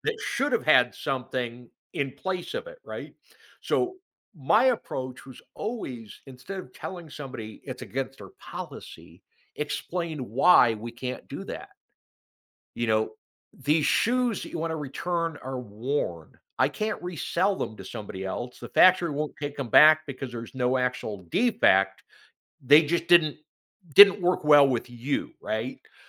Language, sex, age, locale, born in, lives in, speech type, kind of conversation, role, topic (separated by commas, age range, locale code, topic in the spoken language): English, male, 55-59, en-US, United States, United States, conversation, podcast, guest, How does the way we speak affect how our words are understood and received?